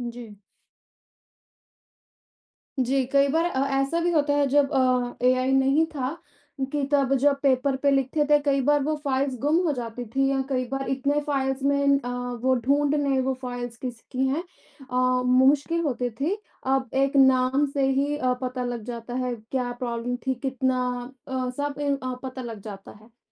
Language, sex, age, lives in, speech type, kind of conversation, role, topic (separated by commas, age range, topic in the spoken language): Hindi, female, 20-24, United States, conversation, unstructured, none, कृत्रिम बुद्धिमत्ता ने स्वास्थ्य सेवा प्रदाताओं का कार्यभार कैसे कम किया है?